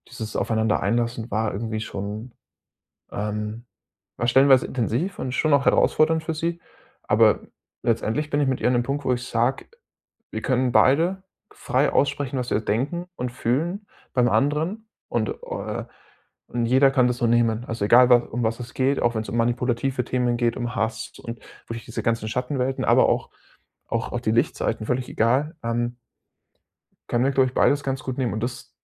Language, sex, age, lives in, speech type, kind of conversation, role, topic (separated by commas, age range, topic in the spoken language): German, male, 25-29, Germany, conversation, advice, user, Wie kann ich meine Angst vor Misserfolg bei neuen Aktivitäten verringern?